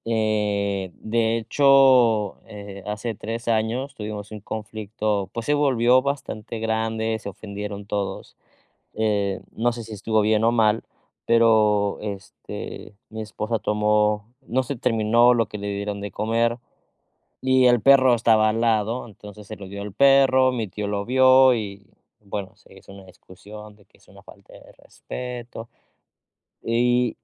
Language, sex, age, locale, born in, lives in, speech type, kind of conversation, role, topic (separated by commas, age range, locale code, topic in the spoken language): Spanish, male, 30-34, es-MX, Mexico, Mexico, conversation, advice, user, ¿Cómo puedo equilibrar la tradición familiar con mi autonomía personal?